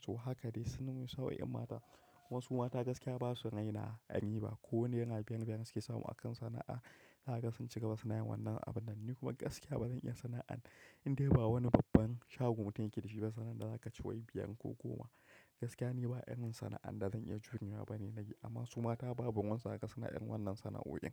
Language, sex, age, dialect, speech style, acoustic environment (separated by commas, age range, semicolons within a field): Hausa, male, 25-34, Nigeria - Kano, happy, nature; silence